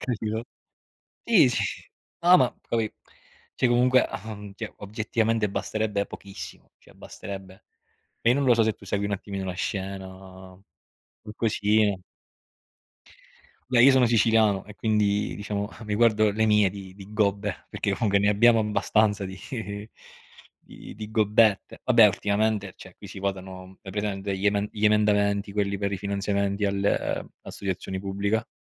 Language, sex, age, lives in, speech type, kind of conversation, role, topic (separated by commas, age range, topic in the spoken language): Italian, male, 30-34, Italy, conversation, unstructured, none, Come si può combattere la corruzione in politica?